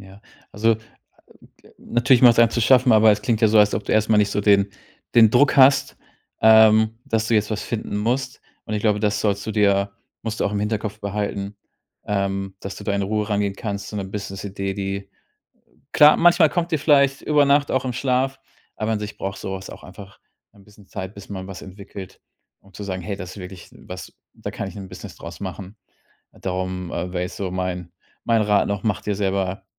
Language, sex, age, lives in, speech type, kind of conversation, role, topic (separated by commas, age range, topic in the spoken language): German, male, 35-39, Germany, conversation, advice, advisor, Warum habe ich keine Ideen, obwohl ich Zeit dafür habe?